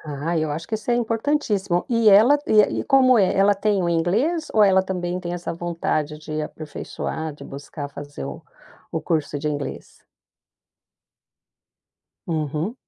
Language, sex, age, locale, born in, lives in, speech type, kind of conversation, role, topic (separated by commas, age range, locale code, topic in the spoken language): Portuguese, female, 55-59, pt-BR, Brazil, United States, conversation, podcast, host, Me conte sobre uma decisão da qual você ainda se arrepende?